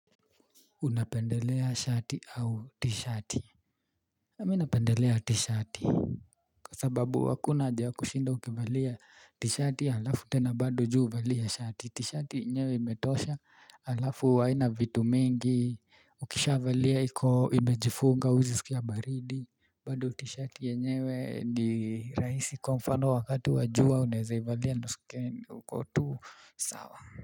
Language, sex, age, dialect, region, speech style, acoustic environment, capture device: Swahili, male, 25-34, Kenya - Nairobi Sheng-influenced, Kenya, relaxed, nature, mobile / Linux / Chrome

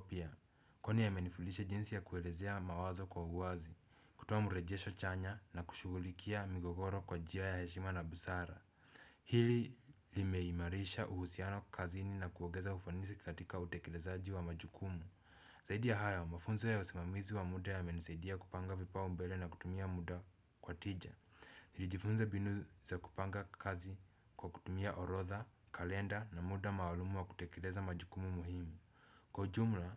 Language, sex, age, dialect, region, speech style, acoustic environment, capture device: Swahili, male, 18-24, Kenya - Nairobi Sheng-influenced, Kenya, relaxed, silence, desktop / Windows / Chrome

